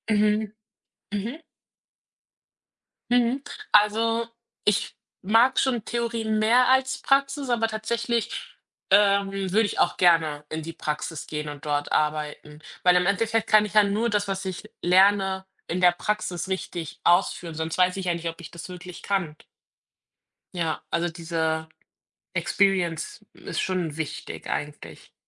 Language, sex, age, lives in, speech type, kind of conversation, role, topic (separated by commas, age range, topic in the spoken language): German, female, 20-24, Germany, conversation, unstructured, none, Was magst du an deinem Job am meisten?